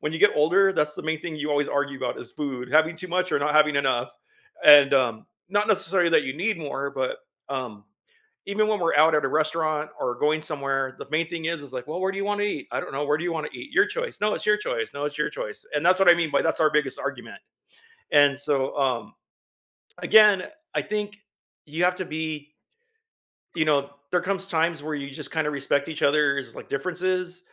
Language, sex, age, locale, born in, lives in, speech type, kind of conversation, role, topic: English, male, 50-54, en-US, United States, United States, conversation, unstructured, none, How do you balance independence and togetherness in close relationships?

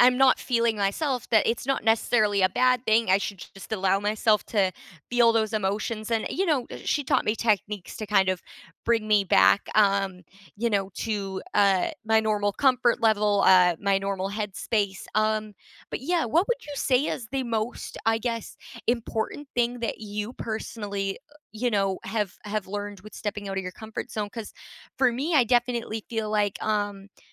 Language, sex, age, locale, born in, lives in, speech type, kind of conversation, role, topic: English, female, 20-24, en-CA, Canada, Canada, conversation, unstructured, none, When have you stepped outside your comfort zone, and what did it teach you about yourself?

